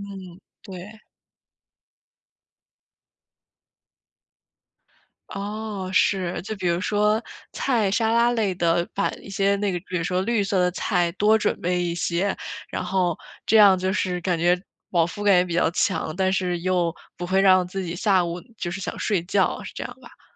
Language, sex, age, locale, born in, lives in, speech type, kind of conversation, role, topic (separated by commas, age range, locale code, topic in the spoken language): Chinese, female, 25-29, zh-CN, China, United States, conversation, advice, user, 你怎样才能有效规划并准备一周的餐单？